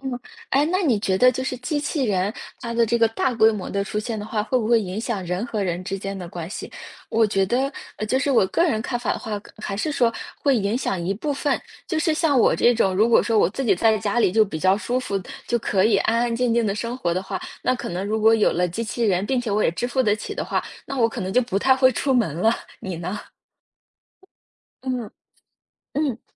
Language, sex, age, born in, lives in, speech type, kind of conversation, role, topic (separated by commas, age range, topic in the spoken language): Chinese, female, 25-29, China, United States, conversation, unstructured, none, 你如何看待未来机器人走进家庭生活？